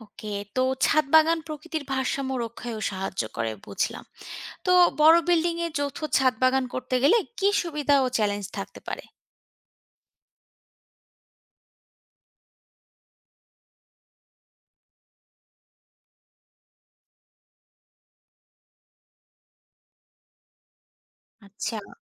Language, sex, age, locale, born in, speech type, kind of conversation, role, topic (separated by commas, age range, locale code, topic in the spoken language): Bengali, female, 25-29, bn-BD, Bangladesh, read, expert-assistant, asker, ‘বন্যপ্রাণী সংরক্ষণ’ বলতে শুধু পশুপাখির কথা বোঝানো হয়, নাকি এর আরও গভীর কোনো মানে আছে?